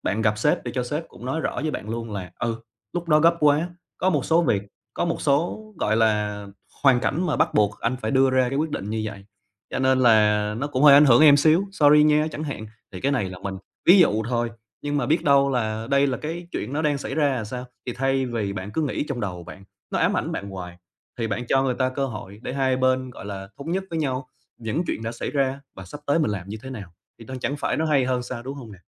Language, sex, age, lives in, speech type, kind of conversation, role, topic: Vietnamese, male, 25-29, Vietnam, conversation, advice, advisor, Làm thế nào để lắng nghe và xử lý lời phê bình một cách lành mạnh mà không buồn?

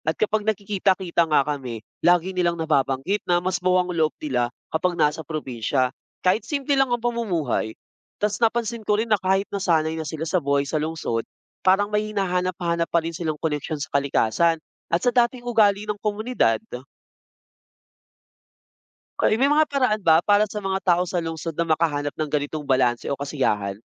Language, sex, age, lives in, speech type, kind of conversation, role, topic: Filipino, male, 25-29, Philippines, read, expert-assistant, asker, Ano ang mas maginhawa: ang buhay sa lungsod o sa probinsya?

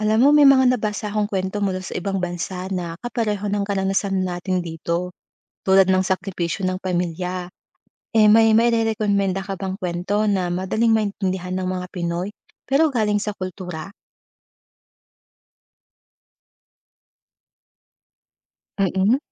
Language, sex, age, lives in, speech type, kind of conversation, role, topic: Filipino, female, 30-34, Philippines, read, expert-assistant, asker, Paano makakatulong ang pagbabasa ng mga kwento mula sa iba’t ibang kultura sa paghubog ng ating pananaw sa buhay?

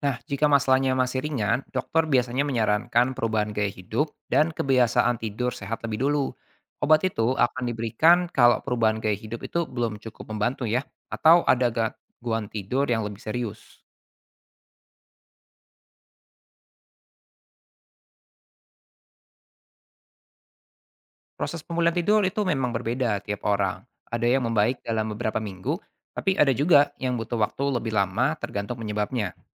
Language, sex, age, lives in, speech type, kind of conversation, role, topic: Indonesian, male, 25-29, Indonesia, read, expert-assistant, expert, Bagaimana cara merencanakan pola tidur yang sehat agar tubuh tetap fit di tengah aktivitas harian yang padat?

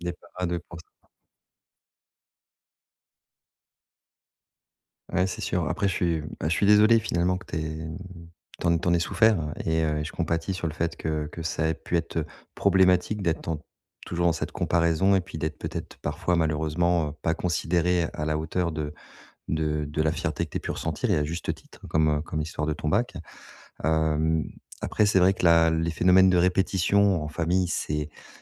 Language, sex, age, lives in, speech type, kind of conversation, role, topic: French, male, 40-44, France, conversation, advice, advisor, Comment établir des limites claires entre frères et sœurs ?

